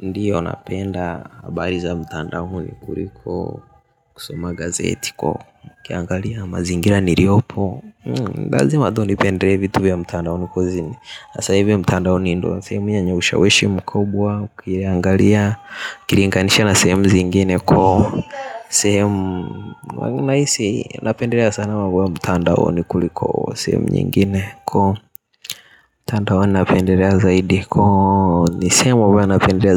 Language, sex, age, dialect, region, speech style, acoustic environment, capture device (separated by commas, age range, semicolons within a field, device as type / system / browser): Swahili, male, 18-24, Tanzania - Dar es Salaam, Tanzania, focused, nature, mobile / Linux / Chrome